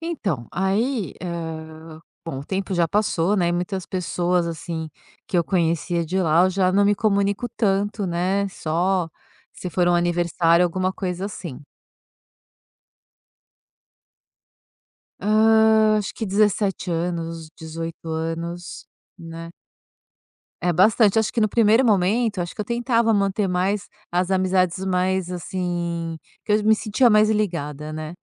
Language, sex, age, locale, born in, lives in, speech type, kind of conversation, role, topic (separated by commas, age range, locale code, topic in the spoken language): Portuguese, female, 50-54, pt-BR, Brazil, France, conversation, podcast, guest, Como a distância física afeta a forma como a gente conversa?